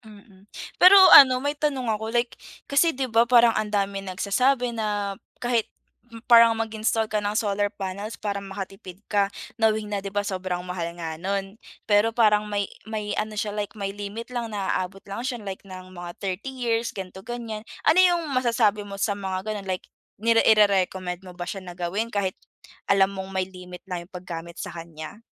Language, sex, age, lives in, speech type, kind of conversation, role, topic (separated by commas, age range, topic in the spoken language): Filipino, female, 20-24, Philippines, conversation, podcast, host, Ano ang pinaka-praktikal na tip para makatipid sa kuryente?